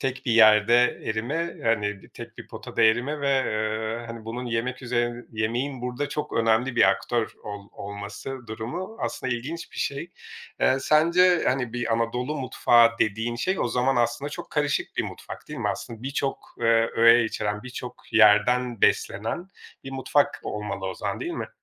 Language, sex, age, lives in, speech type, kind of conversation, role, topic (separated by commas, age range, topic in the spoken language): Turkish, male, 40-44, Portugal, conversation, podcast, host, Yemek paylaşımı kültürler arasında bağları nasıl güçlendirir?